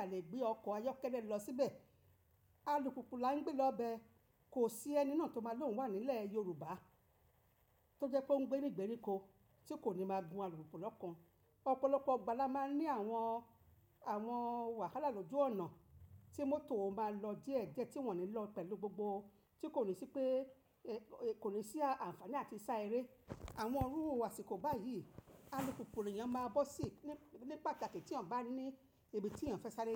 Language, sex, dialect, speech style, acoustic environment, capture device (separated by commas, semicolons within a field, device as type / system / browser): Yoruba, female, Nigeria - Lagos Yoruba, relaxed; happy; focused, nature; silence, mobile / macOS / Safari